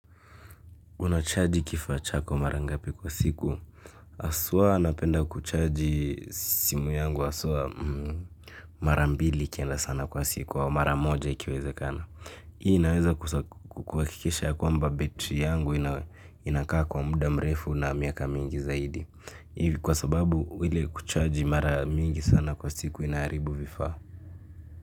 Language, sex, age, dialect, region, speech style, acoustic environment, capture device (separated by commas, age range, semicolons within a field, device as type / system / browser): Swahili, male, 18-24, Kenya - Nairobi Sheng-influenced, Kenya, happy; excited, nature; silence, mobile / Linux / Chrome